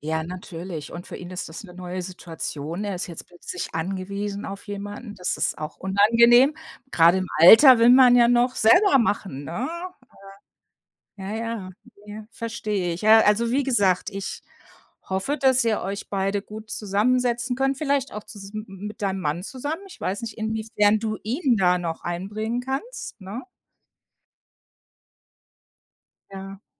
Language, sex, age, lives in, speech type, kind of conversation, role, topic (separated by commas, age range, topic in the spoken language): German, female, 55-59, United States, conversation, advice, advisor, Wie beeinträchtigt die Pflege eines älteren Familienmitglieds deine eigene Lebensgestaltung?